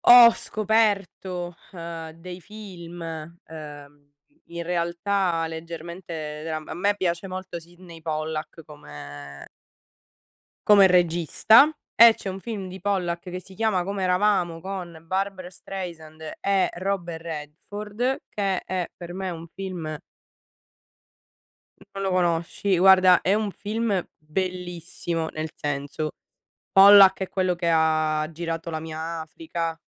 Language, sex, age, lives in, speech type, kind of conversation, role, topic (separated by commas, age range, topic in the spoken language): Italian, female, 60-64, Italy, conversation, unstructured, none, Qual è il ricordo più bello legato a un film o a una canzone?